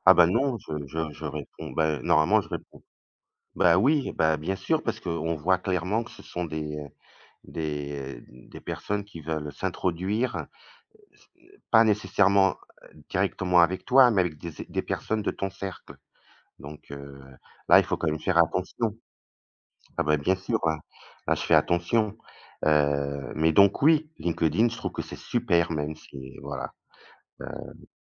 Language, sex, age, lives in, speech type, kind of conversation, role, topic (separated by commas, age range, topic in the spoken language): French, male, 55-59, Portugal, conversation, unstructured, none, Pensez-vous que les réseaux sociaux font plus de mal que de bien ?